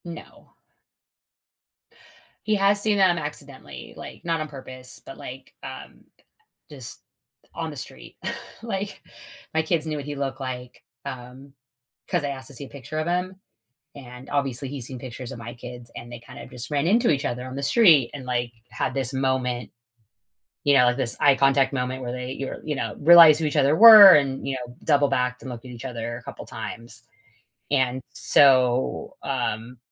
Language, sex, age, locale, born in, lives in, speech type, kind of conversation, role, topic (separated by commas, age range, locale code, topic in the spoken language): English, female, 40-44, en-US, United States, United States, conversation, advice, user, How can I improve communication in my long-term relationship?